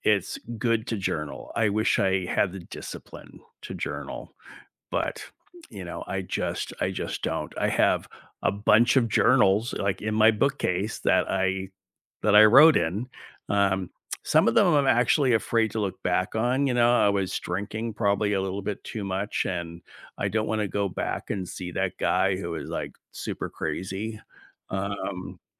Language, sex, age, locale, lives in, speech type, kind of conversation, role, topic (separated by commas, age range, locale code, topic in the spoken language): English, male, 60-64, en-US, United States, conversation, unstructured, none, What small morning rituals brighten your day and set the tone?